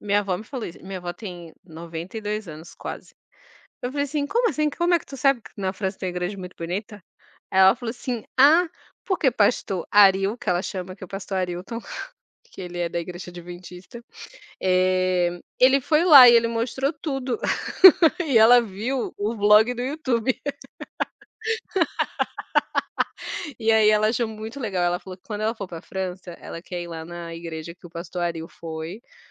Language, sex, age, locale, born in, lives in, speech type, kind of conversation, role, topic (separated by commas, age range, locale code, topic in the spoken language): Portuguese, female, 30-34, pt-BR, Brazil, France, conversation, unstructured, none, O que provoca tanta intolerância entre religiões diferentes?